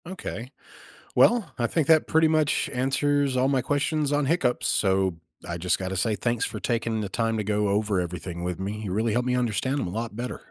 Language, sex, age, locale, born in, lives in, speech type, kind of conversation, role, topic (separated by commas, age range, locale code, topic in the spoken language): English, male, 35-39, en-US, United States, United States, read, expert-assistant, asker, What causes hiccups and how can they be stopped?